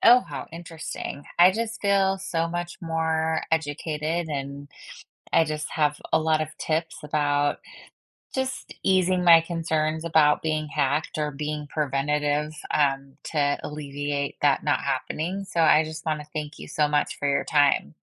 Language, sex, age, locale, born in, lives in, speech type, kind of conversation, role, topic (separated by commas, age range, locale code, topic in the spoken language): English, female, 40-44, en-US, United States, United States, conversation, advice, user, How can I protect my online accounts and manage my passwords securely?